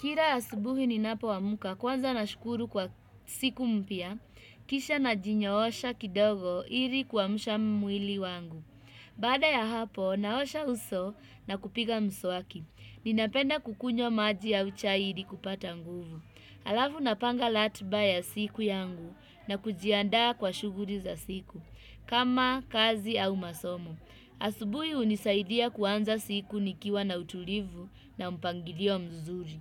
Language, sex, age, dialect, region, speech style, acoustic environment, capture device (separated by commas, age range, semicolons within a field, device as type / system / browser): Swahili, female, 25-34, Kenya - Nairobi Sheng-influenced, Kenya, happy, people talking, desktop / Windows / Chrome